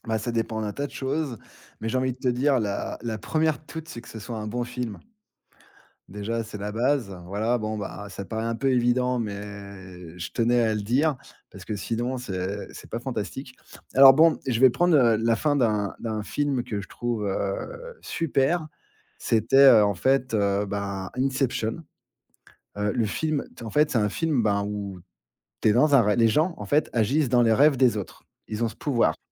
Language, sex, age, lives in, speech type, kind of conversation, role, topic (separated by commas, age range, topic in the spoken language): French, male, 40-44, France, conversation, podcast, guest, Qu’est-ce qui fait, selon toi, une fin de film réussie ?